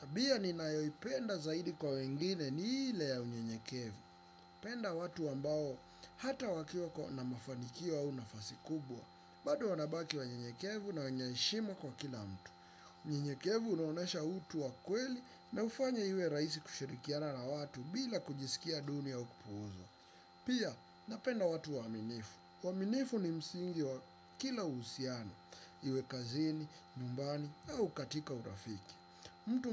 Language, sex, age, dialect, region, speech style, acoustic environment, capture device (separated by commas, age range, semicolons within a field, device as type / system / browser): Swahili, male, 25-34, Kenya - Nairobi Sheng-influenced, Kenya, happy, silence, desktop / Windows / Chrome